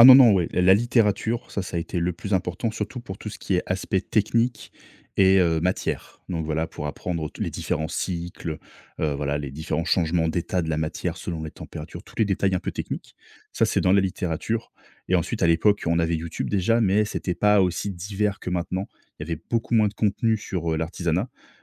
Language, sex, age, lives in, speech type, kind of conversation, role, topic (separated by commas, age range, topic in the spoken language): French, male, 30-34, France, conversation, podcast, guest, Quel projet créatif t'a le plus marqué, et pourquoi ?